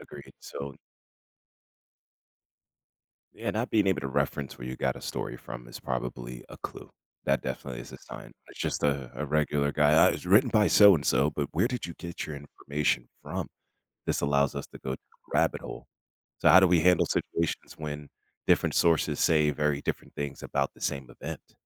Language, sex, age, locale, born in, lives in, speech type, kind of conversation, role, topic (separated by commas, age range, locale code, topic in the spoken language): English, male, 35-39, en-US, United States, United States, conversation, unstructured, none, What helps you decide whether to trust information you see in the news?